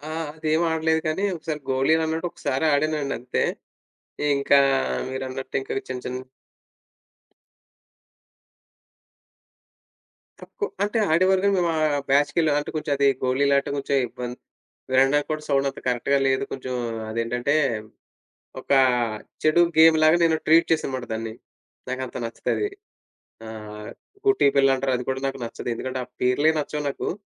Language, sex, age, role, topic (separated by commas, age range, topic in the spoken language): Telugu, male, 30-34, guest, చిన్నప్పుడు మీరు బయట ఆడిన ఆటలు ఏవి?